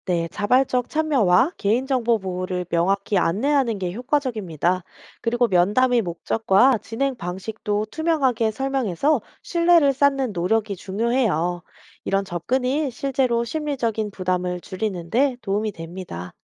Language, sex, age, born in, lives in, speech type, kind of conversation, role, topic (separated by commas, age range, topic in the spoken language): Korean, female, 30-34, South Korea, South Korea, read, expert-assistant, expert, 세계화가 우리 일상에 가져온 좋은 점과 걱정되는 점은 뭐가 있을까요?